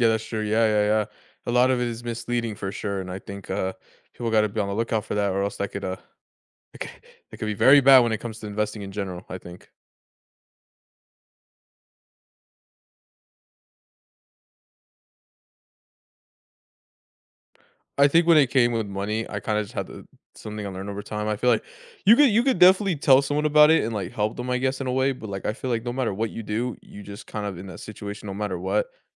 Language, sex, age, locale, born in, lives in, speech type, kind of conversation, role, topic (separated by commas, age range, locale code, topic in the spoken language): English, male, 20-24, en-US, United States, United States, conversation, unstructured, none, What money goal are you proud of achieving?